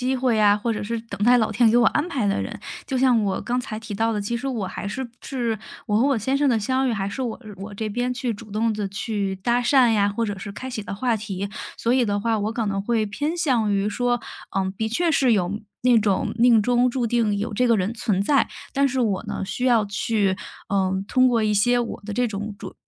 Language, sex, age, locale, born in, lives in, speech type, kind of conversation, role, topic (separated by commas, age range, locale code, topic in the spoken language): Chinese, female, 30-34, zh-CN, China, Germany, conversation, podcast, guest, 有没有一次意外的相遇改变了你的人生走向？